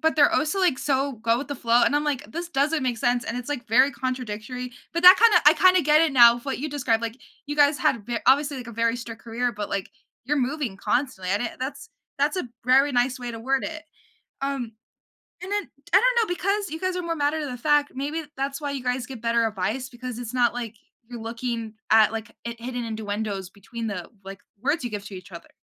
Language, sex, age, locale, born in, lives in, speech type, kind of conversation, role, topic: English, female, 18-19, en-US, United States, United States, conversation, podcast, host, How do close friendships shape our lives and personal growth?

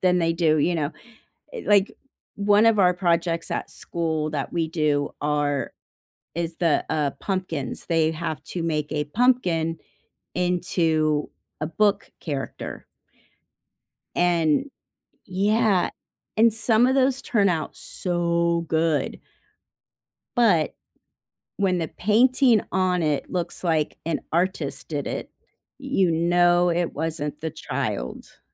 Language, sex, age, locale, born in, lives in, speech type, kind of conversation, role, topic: English, female, 55-59, en-US, United States, United States, conversation, unstructured, none, What class project did you love, and what made it memorable?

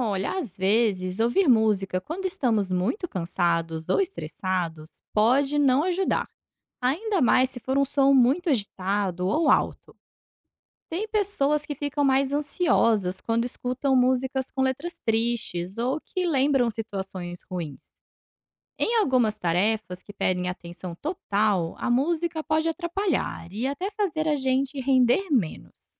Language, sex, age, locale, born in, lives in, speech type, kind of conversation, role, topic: Portuguese, female, 30-34, pt-BR, Brazil, Portugal, read, expert-assistant, expert, Como a música pode influenciar nosso humor?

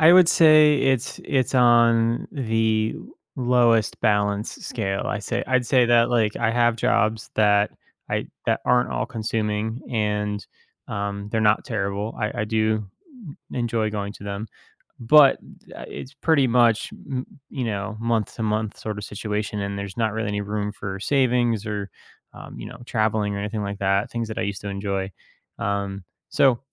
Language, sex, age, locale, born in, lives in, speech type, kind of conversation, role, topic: English, male, 30-34, en-US, United States, United States, conversation, advice, user, How can I pursue my passion without sacrificing financial stability?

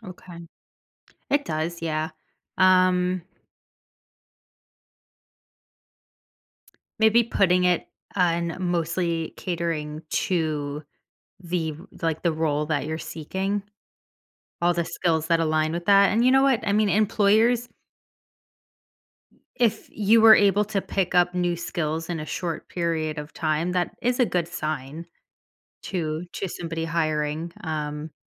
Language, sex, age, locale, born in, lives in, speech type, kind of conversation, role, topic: English, female, 30-34, en-US, United States, United States, conversation, advice, advisor, How can I find a new career direction?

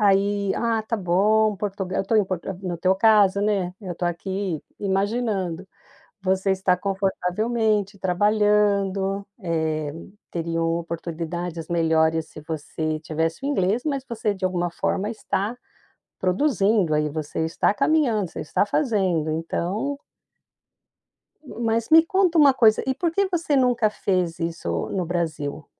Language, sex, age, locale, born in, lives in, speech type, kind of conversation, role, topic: Portuguese, female, 55-59, pt-BR, Brazil, United States, conversation, podcast, host, Me conte sobre uma decisão da qual você ainda se arrepende?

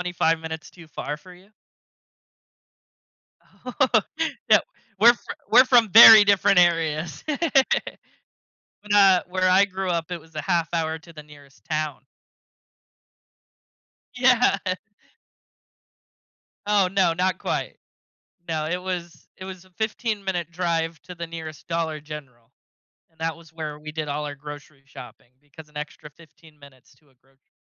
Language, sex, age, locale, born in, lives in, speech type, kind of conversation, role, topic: English, male, 20-24, en-US, United States, United States, conversation, podcast, host, How do you approach making important choices in your life?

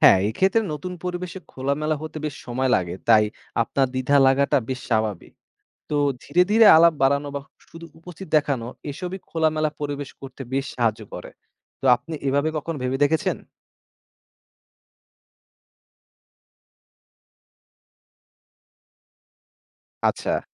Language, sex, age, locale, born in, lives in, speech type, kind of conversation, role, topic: Bengali, male, 20-24, bn-BD, Bangladesh, Bangladesh, read, expert-assistant, expert, ভালো ম্যানেজার হতে নিজের কোন গুণগুলোর বিকাশ জরুরি বলে মনে হয়?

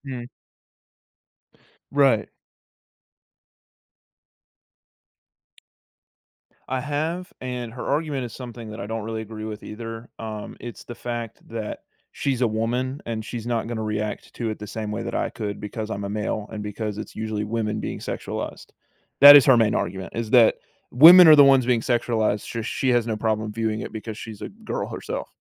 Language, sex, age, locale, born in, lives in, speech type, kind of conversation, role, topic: English, male, 18-19, en-US, United States, United States, conversation, advice, user, How can I gently set boundaries with a loved one?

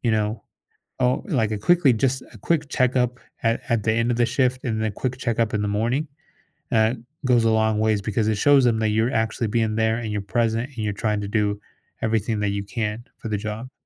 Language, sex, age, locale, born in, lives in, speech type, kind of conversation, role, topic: English, male, 30-34, en-US, United States, United States, conversation, advice, advisor, How can I better balance my work and personal time?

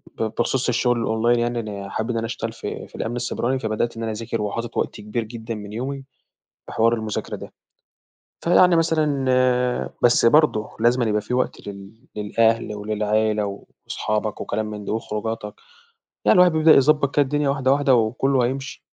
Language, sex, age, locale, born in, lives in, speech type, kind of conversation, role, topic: Arabic, male, 18-19, ar-EG, Egypt, Egypt, conversation, podcast, guest, إزاي بتنظّم وقتك بين الدراسة وحياتك؟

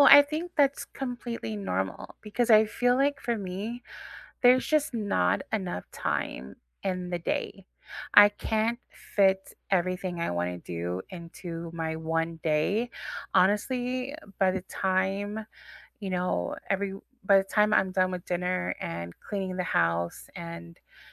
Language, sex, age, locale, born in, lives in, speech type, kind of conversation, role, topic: English, female, 40-44, en-US, United States, United States, conversation, unstructured, none, What are you working toward in your life right now, and what inspires you to pursue it?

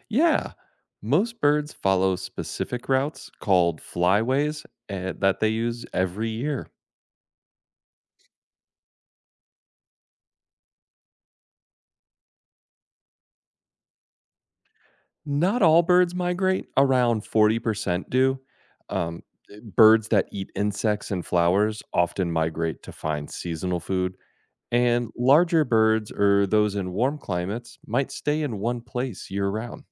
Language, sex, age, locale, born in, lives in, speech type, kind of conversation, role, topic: English, male, 35-39, en-US, United States, United States, read, expert-assistant, expert, Explain how birds migrate across continents.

